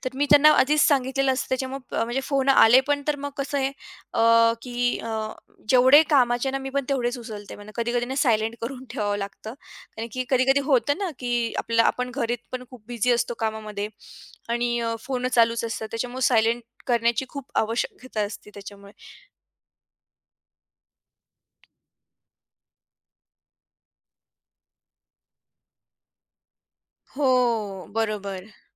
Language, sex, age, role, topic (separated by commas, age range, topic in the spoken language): Marathi, female, 40-44, guest, घरकाम आणि कार्यालयीन काम यांमध्ये तुम्ही सीमा कशा ठरवता?